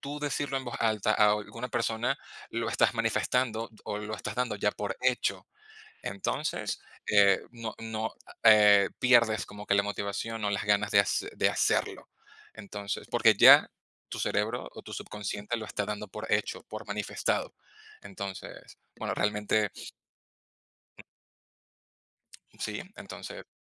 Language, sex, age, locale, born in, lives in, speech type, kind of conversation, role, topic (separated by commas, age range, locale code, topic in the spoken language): Spanish, male, 30-34, es-VE, Venezuela, Spain, conversation, unstructured, none, ¿Cuáles son tus sueños para el futuro?